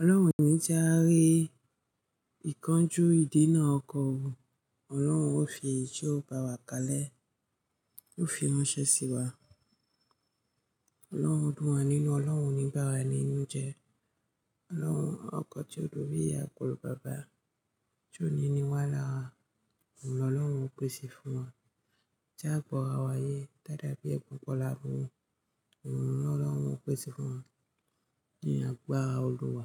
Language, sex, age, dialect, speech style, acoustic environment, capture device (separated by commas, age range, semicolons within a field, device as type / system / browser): Yoruba, female, 25-34, Nigeria - Ijebu, happy; focused, music; nature; people talking, mobile / Linux / Chrome